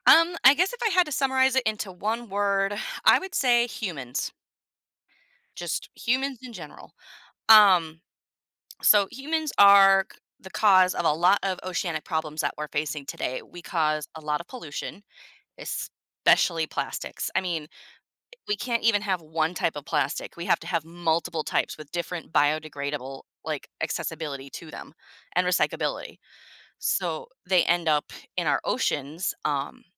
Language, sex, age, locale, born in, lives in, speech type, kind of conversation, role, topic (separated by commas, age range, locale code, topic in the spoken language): English, female, 30-34, en-US, United States, United States, conversation, podcast, guest, How can we work together to protect and restore the health of our oceans?